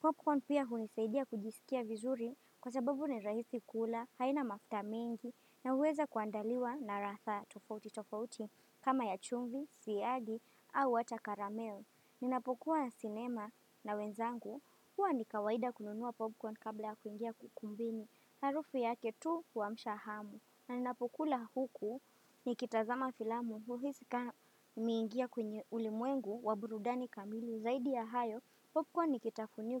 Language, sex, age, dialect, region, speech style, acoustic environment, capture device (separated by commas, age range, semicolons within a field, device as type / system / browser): Swahili, female, 18-24, Kenya - Mombasa, Kenya, happy, nature, desktop / Windows / Firefox